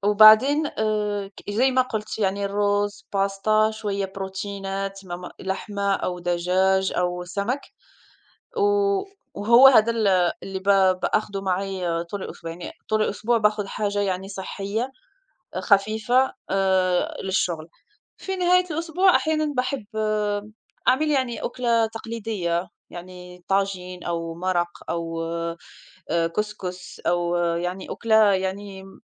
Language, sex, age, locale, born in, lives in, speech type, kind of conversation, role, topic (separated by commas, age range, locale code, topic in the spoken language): Arabic, female, 35-39, ar-MA, Morocco, France, conversation, unstructured, none, إنت بتفضل تاكل في البيت ولا في المطاعم، وليه؟